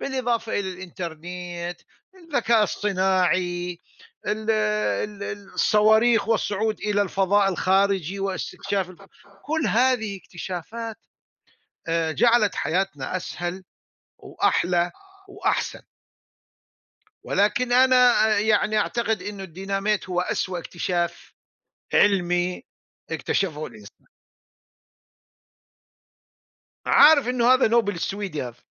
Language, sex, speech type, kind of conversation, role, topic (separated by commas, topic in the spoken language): Arabic, male, conversation, unstructured, none, إزاي الاكتشافات العلمية بتأثر على حياتنا اليومية؟